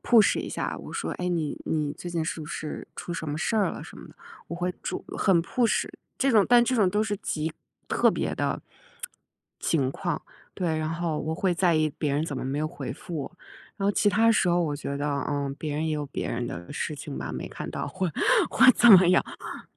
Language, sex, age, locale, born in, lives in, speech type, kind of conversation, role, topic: Chinese, female, 35-39, zh-CN, China, United States, conversation, podcast, guest, 你如何看待“必须马上回复”的社交压力？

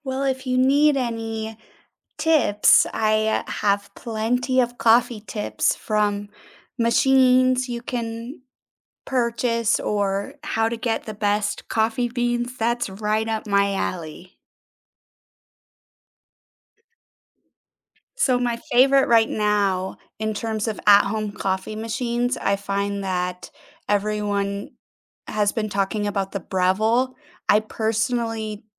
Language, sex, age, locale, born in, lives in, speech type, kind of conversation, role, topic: English, female, 30-34, en-US, United States, United States, conversation, unstructured, none, Which small, everyday habits instantly lift your mood, and how can we support each other in sharing them?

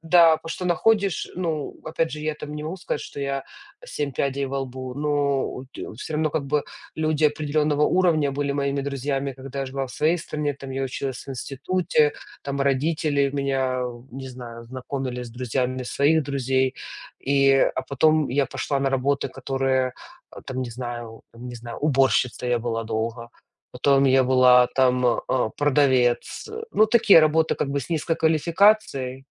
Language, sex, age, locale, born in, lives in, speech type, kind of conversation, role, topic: Russian, female, 35-39, ru-UA, Ukraine, United States, conversation, unstructured, none, Бывали ли моменты, когда ты чувствовал себя потерянным?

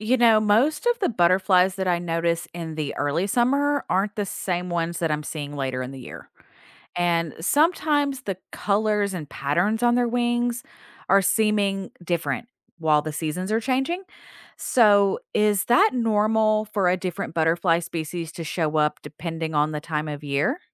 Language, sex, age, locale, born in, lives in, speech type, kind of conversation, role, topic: English, female, 40-44, en-US, United States, United States, read, expert-assistant, asker, How do patterns and colors help animals survive and how can we support butterflies?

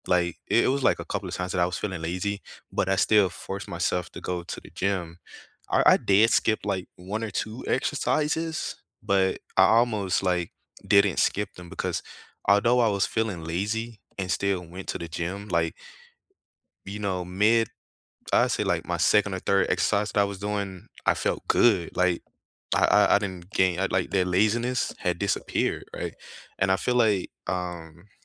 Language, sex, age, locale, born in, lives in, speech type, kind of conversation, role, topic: English, male, 25-29, en-US, United States, United States, conversation, unstructured, none, How do you stay motivated to exercise regularly?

